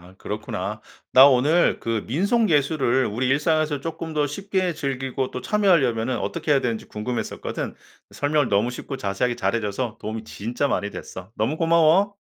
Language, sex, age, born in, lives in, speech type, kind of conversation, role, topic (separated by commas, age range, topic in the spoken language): Korean, male, 45-49, South Korea, United States, read, expert-assistant, asker, 민속 예술을 일상에서 쉽게 즐기고 참여하려면 어떻게 해야 할까요?